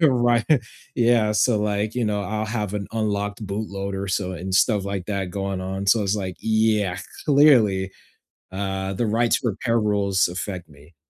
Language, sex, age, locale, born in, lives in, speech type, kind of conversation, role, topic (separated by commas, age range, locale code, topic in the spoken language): English, male, 20-24, en-US, United States, United States, conversation, unstructured, none, How do you make your gadgets last longer, and how do right-to-repair laws affect your choices?